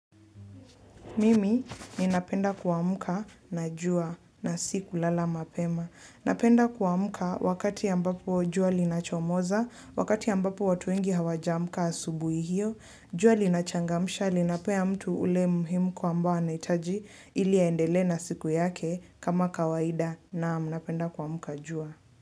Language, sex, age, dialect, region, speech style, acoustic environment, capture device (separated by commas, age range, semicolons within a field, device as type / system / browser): Swahili, female, 18-24, Kenya - Nairobi Sheng-influenced, Kenya, relaxed, silence, mobile / Linux / Chrome